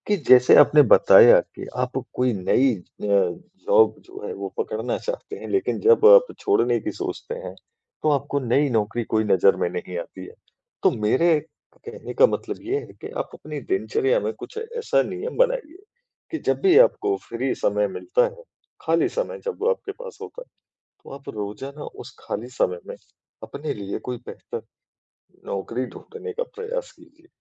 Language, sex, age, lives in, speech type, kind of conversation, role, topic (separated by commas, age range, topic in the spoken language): Hindi, male, 40-44, India, conversation, advice, advisor, मैं अनिश्चितता के समय शांत और सहज कैसे रह सकता/सकती हूँ?